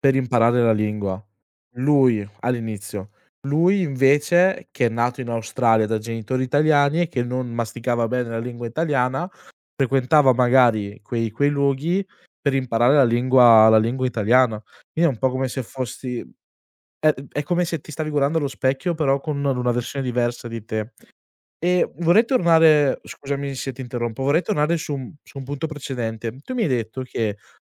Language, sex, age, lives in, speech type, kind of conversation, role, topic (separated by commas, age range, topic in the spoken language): Italian, male, 20-24, Italy, conversation, podcast, host, Puoi raccontarmi un momento in cui la comunità ti ha aiutato?